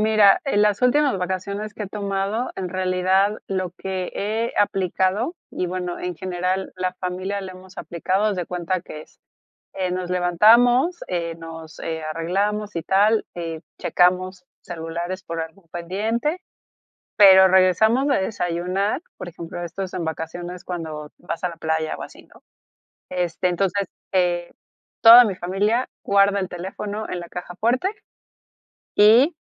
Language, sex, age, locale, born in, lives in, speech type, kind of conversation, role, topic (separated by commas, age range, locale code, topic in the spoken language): Spanish, female, 40-44, es-MX, Mexico, Mexico, conversation, podcast, guest, ¿Qué haces cuando sientes culpa por tomar vacaciones?